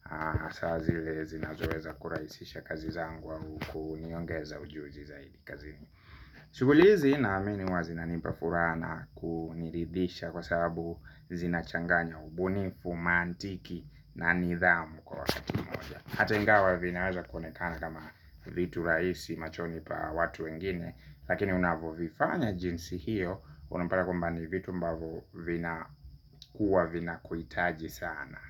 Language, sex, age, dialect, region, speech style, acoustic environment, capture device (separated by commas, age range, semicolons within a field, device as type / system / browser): Swahili, male, 25-34, Kenya - Nairobi Sheng-influenced, Kenya, happy; relaxed; focused; excited, nature; silence, mobile / Linux / Chrome